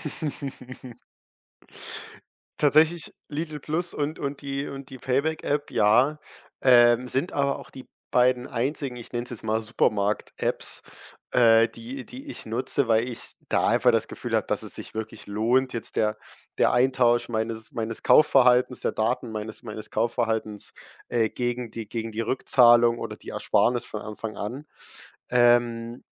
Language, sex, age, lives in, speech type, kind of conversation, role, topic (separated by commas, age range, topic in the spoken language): German, male, 18-19, Germany, conversation, podcast, guest, Sag mal, wie schützt du deine Online‑Privatsphäre?